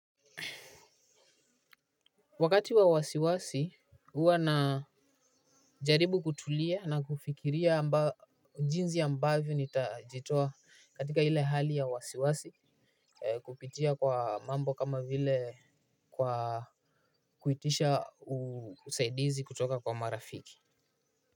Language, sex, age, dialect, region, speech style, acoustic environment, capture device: Swahili, male, 18-24, Kenya - Nairobi Sheng-influenced, Kenya, relaxed, nature, mobile / Linux / Chrome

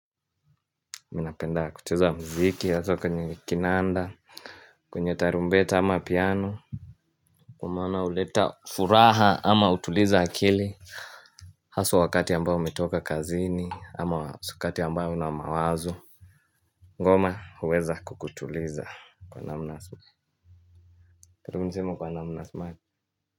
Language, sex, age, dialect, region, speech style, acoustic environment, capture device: Swahili, male, 18-24, Kenya - Mombasa, Kenya, happy; focused, silence; music, mobile / Linux / Chrome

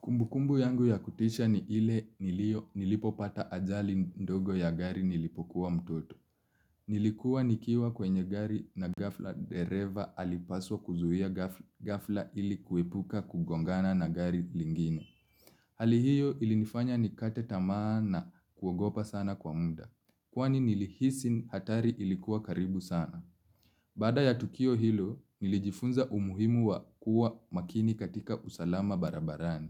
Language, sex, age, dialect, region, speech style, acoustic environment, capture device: Swahili, male, 25-34, Kenya - Nairobi Sheng-influenced, Kenya, relaxed, silence, mobile / Linux / Chrome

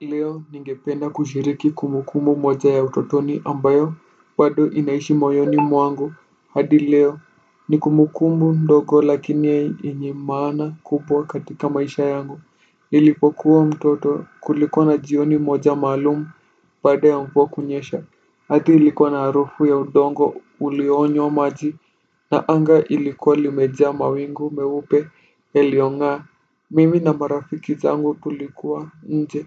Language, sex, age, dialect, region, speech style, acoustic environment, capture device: Swahili, male, 18-24, Kenya - Nairobi Sheng-influenced, Kenya, relaxed, nature; silence, desktop / Windows / Firefox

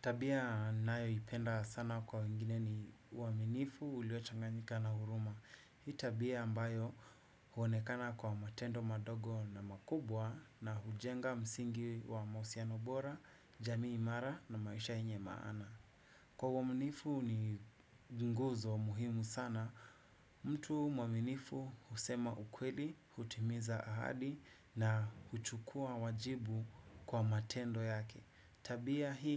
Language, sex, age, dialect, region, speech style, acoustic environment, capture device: Swahili, male, 25-34, Kenya - Nairobi Sheng-influenced, Kenya, happy, nature, desktop / Windows / Chrome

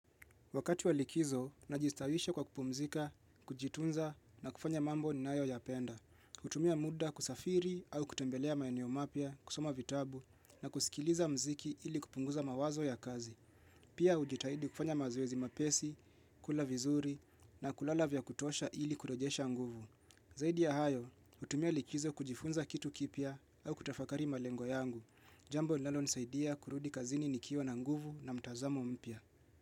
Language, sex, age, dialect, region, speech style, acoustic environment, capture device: Swahili, male, 25-34, Kenya - Nairobi Sheng-influenced, Kenya, relaxed; focused, people talking; appliances; music; silence, mobile / Linux / Chrome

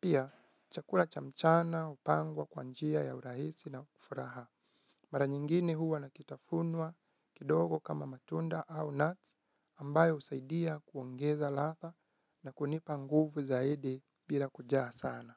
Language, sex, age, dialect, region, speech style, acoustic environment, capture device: Swahili, male, 25-34, Kenya - Nairobi Sheng-influenced, Kenya, relaxed, nature, mobile / Linux / Chrome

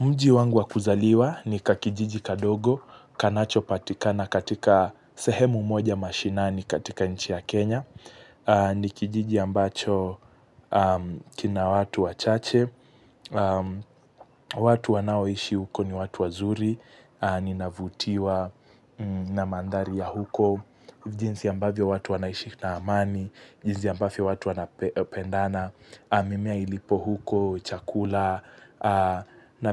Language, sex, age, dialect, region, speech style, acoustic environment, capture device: Swahili, male, 18-24, Kenya - Mombasa, Kenya, focused, nature; silence, mobile / Linux / Chrome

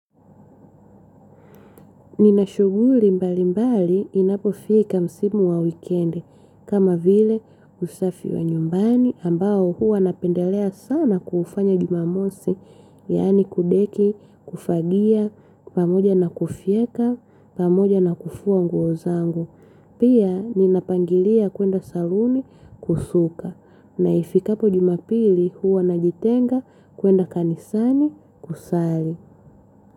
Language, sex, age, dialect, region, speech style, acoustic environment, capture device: Swahili, female, 25-34, Tanzania - Dar es Salaam, Tanzania, happy, silence, mobile / Linux / Chrome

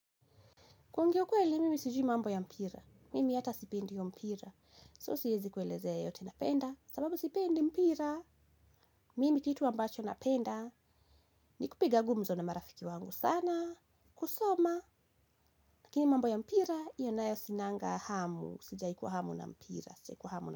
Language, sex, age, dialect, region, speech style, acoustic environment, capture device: Swahili, female, 18-24, Kenya - Kiambu, Kenya, relaxed, silence, mobile / Linux / Chrome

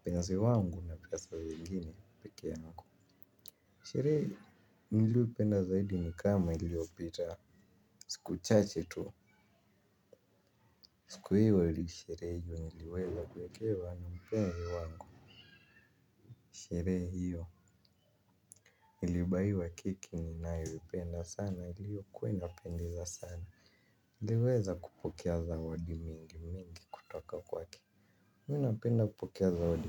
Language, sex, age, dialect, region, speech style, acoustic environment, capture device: Swahili, male, 18-24, Kenya - Nairobi Sheng-influenced, Kenya, excited; happy, people talking; construction, mobile / Linux / Chrome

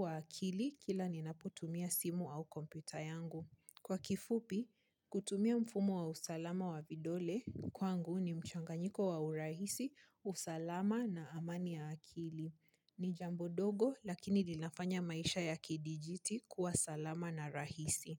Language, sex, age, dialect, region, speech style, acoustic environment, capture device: Swahili, female, 25-34, Kenya - Nairobi Sheng-influenced, Kenya, happy, nature, mobile / Linux / Chrome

